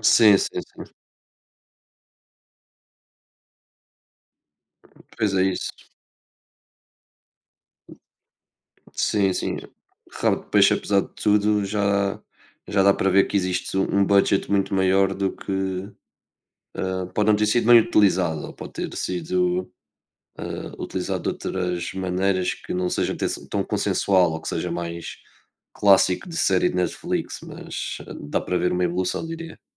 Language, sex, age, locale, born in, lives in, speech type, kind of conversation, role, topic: Portuguese, male, 20-24, pt-PT, Portugal, Portugal, conversation, unstructured, none, Quais são as suas séries de TV favoritas e por que elas são tão cativantes?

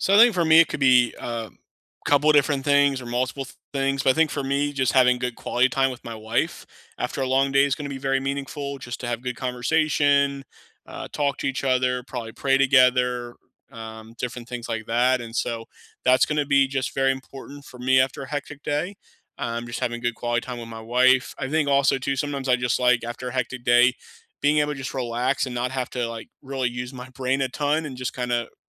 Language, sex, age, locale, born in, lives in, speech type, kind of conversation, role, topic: English, male, 30-34, en-US, United States, United States, conversation, unstructured, none, What simple rituals help you feel restored after a hectic day, and why do they work?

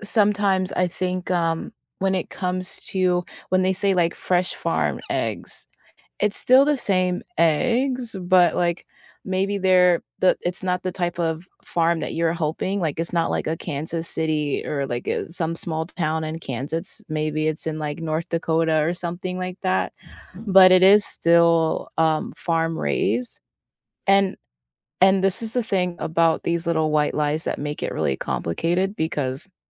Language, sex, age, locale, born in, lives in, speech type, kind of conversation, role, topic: English, female, 30-34, en-US, United States, United States, conversation, unstructured, none, Do white lies preserve relationships more effectively than always insisting on brutal honesty?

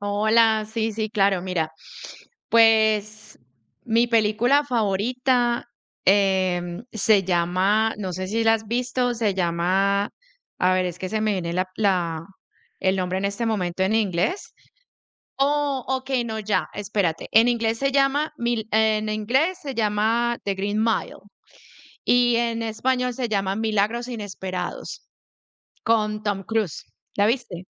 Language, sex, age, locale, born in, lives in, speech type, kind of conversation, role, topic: Spanish, female, 40-44, es-CO, Colombia, United States, conversation, unstructured, none, ¿Cuál es tu película favorita y por qué te gusta tanto?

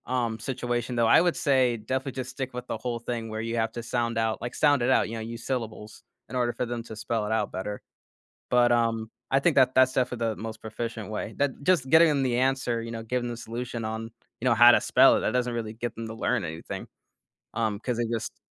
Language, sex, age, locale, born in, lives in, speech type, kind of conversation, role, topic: English, male, 25-29, en-US, United States, United States, conversation, podcast, guest, In what ways is technology transforming education and the learning experience?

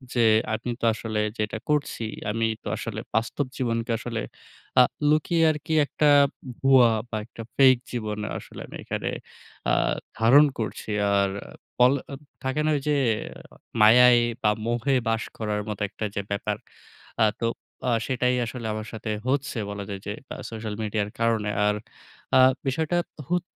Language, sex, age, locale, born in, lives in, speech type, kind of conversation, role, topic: Bengali, male, 20-24, bn-BD, Bangladesh, Bangladesh, conversation, advice, user, সোশ্যাল মিডিয়ায় নিজেকে সাজিয়ে তুলে ধরে কি আপনি বাস্তব জীবনের সত্য লুকিয়ে রাখছেন?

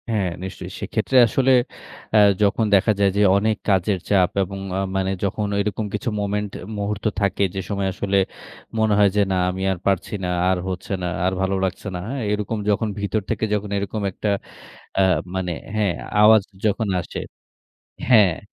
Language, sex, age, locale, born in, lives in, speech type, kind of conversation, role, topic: Bengali, male, 30-34, bn-BD, Bangladesh, Bangladesh, conversation, podcast, guest, নিজের জন্য সময় কীভাবে বের করো?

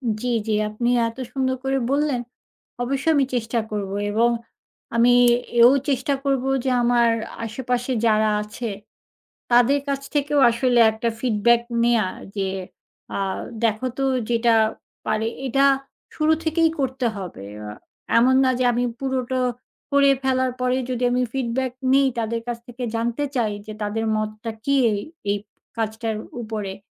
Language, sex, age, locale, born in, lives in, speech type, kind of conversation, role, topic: Bengali, female, 40-44, bn-BD, Bangladesh, Finland, conversation, advice, user, পারফেকশনিজমের কারণে কাজ শুরু করতে আপনার ভয় লাগে কেন?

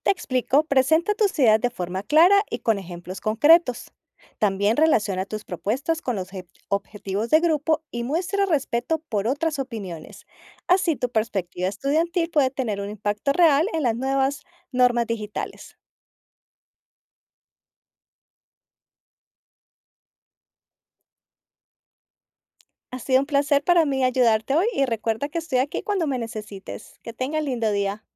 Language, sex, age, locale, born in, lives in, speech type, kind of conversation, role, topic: Spanish, female, 50-54, es-CO, Colombia, United States, read, expert-assistant, expert, ¿Cómo han cambiado las costumbres de saludo (como abrazos o besos en la mejilla) debido a preocupaciones de salud pública?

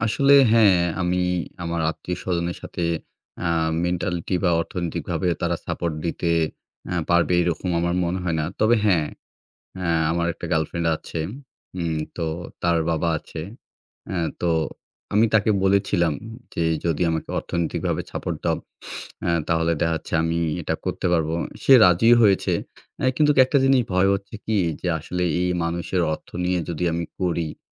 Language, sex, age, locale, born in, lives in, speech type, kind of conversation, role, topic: Bengali, male, 25-29, bn-BD, Bangladesh, Bangladesh, conversation, advice, user, আয় কমে যাওয়ার ফলে আর্থিক অনিশ্চয়তা কীভাবে মোকাবেলা করছেন?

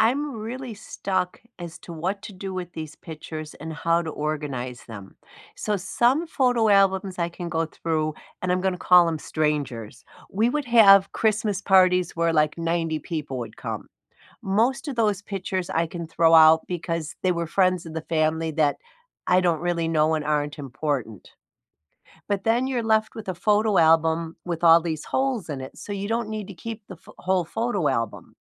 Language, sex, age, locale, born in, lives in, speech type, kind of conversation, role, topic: English, female, 65-69, en-US, United States, United States, conversation, unstructured, none, What is the last photo on your phone that you love, and what makes it meaningful to you?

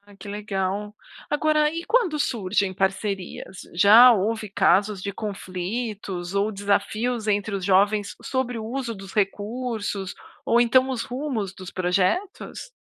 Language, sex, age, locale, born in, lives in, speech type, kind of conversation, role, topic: Portuguese, female, 40-44, pt-BR, Brazil, United States, read, expert-assistant, asker, Você pode citar situações reais em que coleções de objetos ajudaram a preservar a história ou a cultura de uma comunidade?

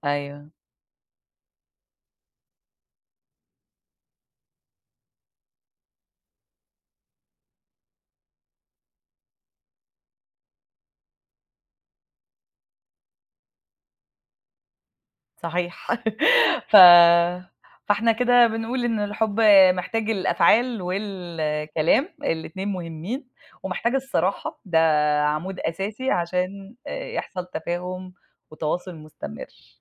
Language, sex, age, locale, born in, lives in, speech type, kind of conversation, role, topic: Arabic, female, 40-44, ar-EG, Egypt, United States, conversation, unstructured, none, إزاي بتعبر عن حبك لحد؟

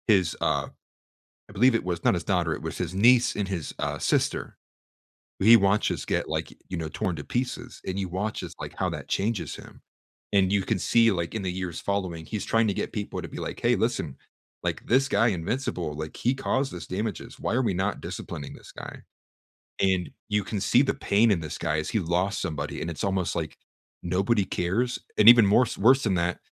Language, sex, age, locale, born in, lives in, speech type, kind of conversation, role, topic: English, male, 25-29, en-US, United States, United States, conversation, podcast, guest, What draws people into a TV show and keeps them wanting more?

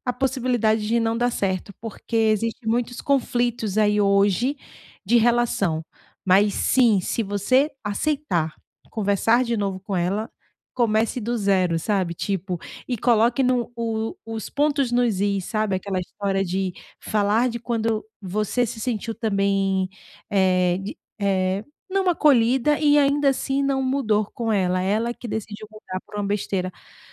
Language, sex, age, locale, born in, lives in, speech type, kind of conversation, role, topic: Portuguese, female, 35-39, pt-BR, Brazil, Portugal, conversation, advice, advisor, Como reconstruir confiança após mal-entendidos em uma amizade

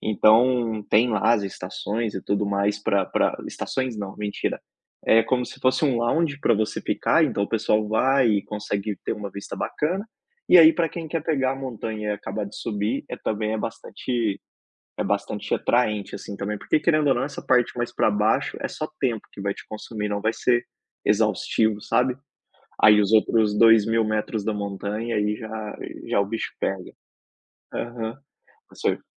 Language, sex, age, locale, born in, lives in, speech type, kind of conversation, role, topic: Portuguese, male, 30-34, pt-BR, Brazil, Spain, conversation, unstructured, none, Qual foi a aventura mais emocionante que você já viveu?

